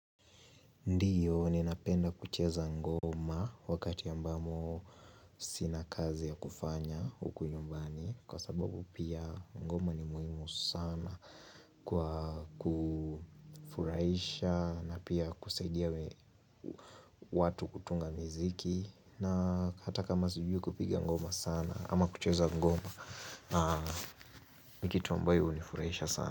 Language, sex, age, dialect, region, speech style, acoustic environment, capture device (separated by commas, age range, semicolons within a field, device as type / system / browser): Swahili, male, 18-24, Kenya - Nairobi Sheng-influenced, Kenya, happy, nature, mobile / Linux / Chrome